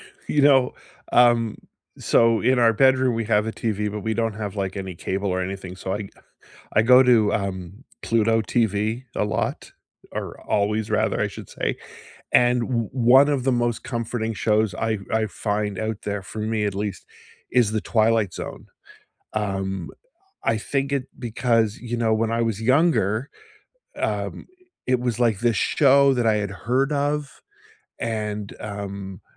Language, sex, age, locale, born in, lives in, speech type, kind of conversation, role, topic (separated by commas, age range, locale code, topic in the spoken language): English, male, 55-59, en-CA, Canada, United States, conversation, unstructured, none, Which comfort shows do you rewatch again and again, and what makes them feel like home to you?